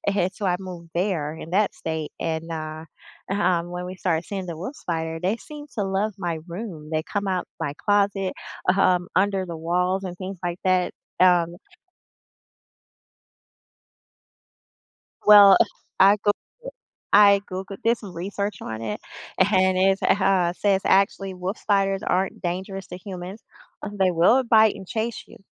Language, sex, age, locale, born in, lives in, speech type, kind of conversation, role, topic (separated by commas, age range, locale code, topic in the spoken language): English, female, 45-49, en-US, United States, United States, conversation, unstructured, none, What is the most surprising animal encounter you have ever had?